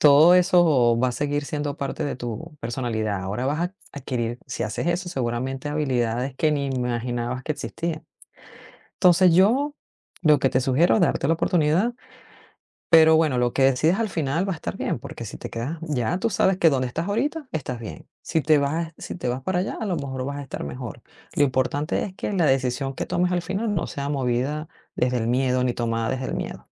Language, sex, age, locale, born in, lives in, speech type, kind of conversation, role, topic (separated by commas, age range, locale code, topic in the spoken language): Spanish, female, 45-49, es-VE, Venezuela, United States, conversation, advice, advisor, ¿Debería aceptar una oferta de trabajo en el extranjero o mantener mi vida actual?